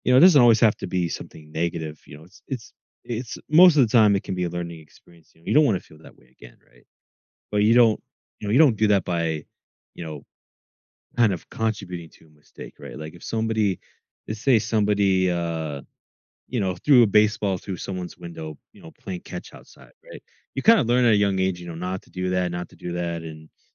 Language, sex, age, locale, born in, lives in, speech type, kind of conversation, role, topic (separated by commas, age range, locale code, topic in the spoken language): English, male, 20-24, en-US, United States, United States, conversation, podcast, host, How can I best learn from my mistakes?